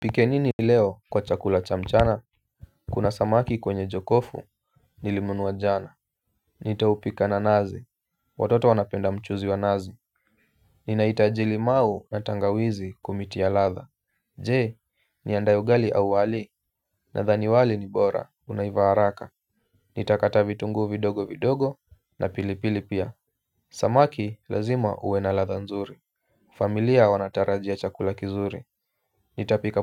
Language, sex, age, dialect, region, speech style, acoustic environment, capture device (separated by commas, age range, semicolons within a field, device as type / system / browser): Swahili, male, 25-34, Kenya - Nairobi Sheng-influenced, Kenya, relaxed; focused, silence; appliances, mobile / Linux / Chrome